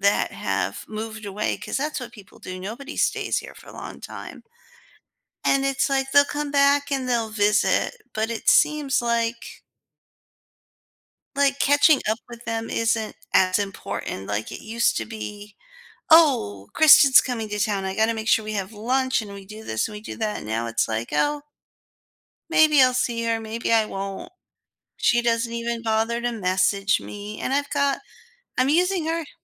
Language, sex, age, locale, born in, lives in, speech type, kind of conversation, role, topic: English, female, 40-44, en-US, United States, United States, conversation, advice, user, How can I reconnect with friends I've drifted away from?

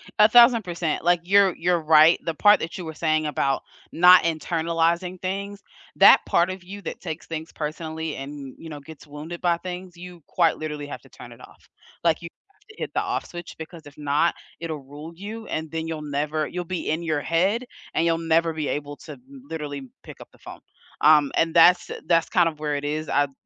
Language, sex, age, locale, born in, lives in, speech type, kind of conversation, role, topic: English, female, 30-34, en-US, United States, United States, conversation, unstructured, none, What career turning points most shaped you, and how did they change your path?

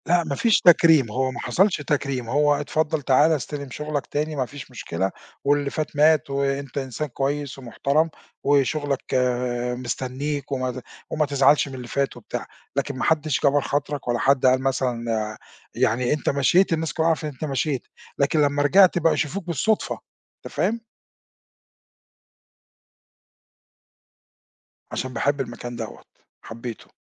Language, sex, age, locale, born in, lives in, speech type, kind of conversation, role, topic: Arabic, male, 50-54, ar-EG, Egypt, Portugal, conversation, podcast, guest, إزاي تتعامل لو فقدت شغلك فجأة؟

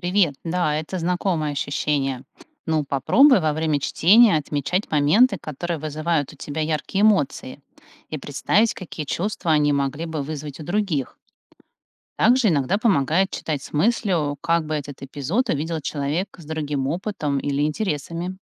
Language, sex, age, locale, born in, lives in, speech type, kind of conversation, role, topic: Russian, female, 45-49, ru-RU, Russia, United States, read, expert-assistant, expert, Как построить свой план чтения, чтобы открывать для себя разные взгляды на одну книгу?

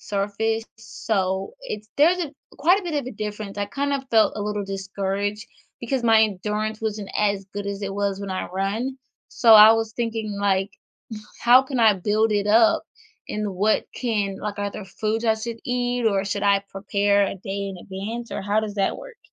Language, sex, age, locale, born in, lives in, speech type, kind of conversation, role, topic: English, female, 25-29, en-US, United States, United States, conversation, advice, user, How can I build endurance for longer hikes without risking injury?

